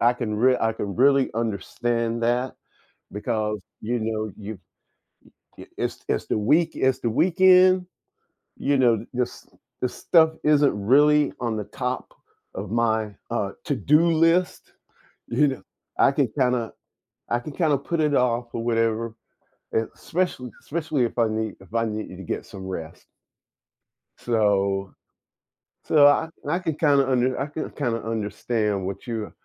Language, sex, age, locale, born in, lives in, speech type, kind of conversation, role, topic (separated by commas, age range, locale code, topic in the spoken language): English, male, 60-64, en-US, United States, United States, conversation, unstructured, none, What factors influence your choice between relaxing at home or going out on weekends?